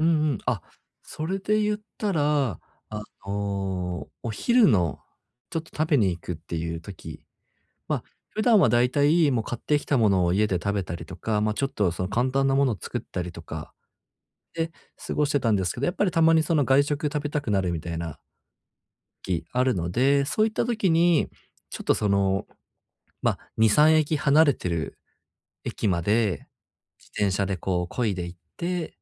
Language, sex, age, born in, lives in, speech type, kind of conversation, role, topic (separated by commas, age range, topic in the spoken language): Japanese, male, 30-34, Japan, Japan, conversation, advice, user, 忙しくても趣味を楽しむ時間はどうやって作れますか？